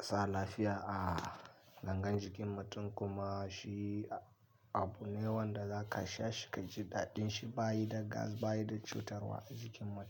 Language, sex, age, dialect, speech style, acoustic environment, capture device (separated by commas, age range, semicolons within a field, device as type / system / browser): Hausa, male, 25-34, Nigeria - Kano, happy; nervous; anxious; tired; relaxed; stressed; focused; excited, people talking; traffic; music; construction; nature; appliances; silence, mobile / Linux / Chrome